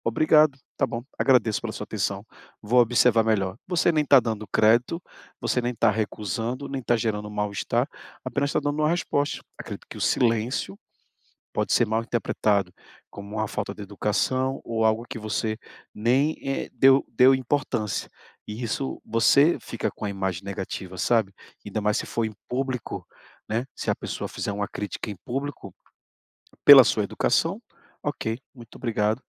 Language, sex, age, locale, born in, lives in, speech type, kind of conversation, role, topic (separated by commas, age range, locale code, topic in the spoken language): Portuguese, male, 40-44, pt-BR, Brazil, Portugal, conversation, advice, advisor, Como posso ouvir críticas sem ficar na defensiva?